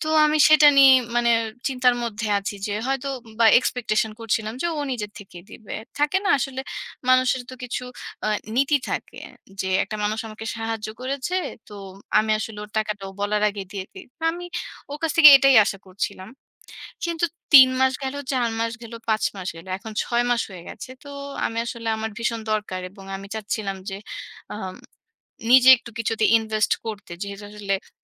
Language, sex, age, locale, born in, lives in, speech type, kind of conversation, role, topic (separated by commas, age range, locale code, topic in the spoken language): Bengali, female, 30-34, bn-BD, Bangladesh, Bangladesh, conversation, advice, user, বন্ধুকে টাকা বা জিনিস ধার দিয়েছি, কিন্তু ফেরত পাচ্ছি না—এখন কী করব?